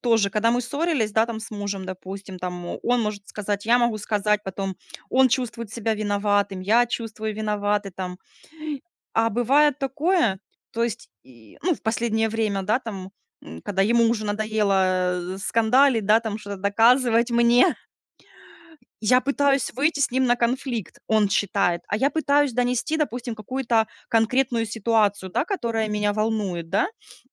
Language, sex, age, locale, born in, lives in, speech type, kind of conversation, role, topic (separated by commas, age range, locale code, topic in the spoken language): Russian, female, 30-34, ru-RU, Ukraine, Spain, conversation, unstructured, none, Почему люди часто избегают конфликтов вместо того, чтобы их решать?